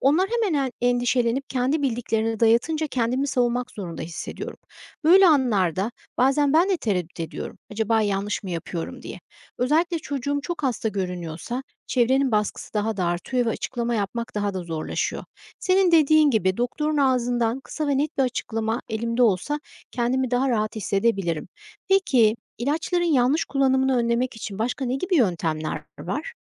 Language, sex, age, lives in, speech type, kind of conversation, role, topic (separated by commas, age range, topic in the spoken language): Turkish, female, 45-49, United States, read, expert-assistant, asker, Antibiyotikler her hastalıkta kullanılmalı mı?